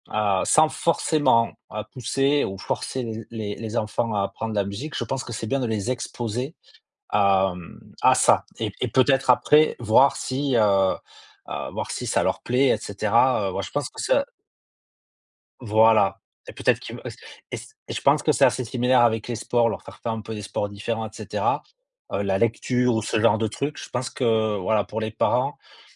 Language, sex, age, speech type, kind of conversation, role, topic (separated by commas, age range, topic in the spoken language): French, male, 45-49, conversation, unstructured, none, Est-il juste de forcer les enfants à apprendre à jouer d’un instrument de musique ?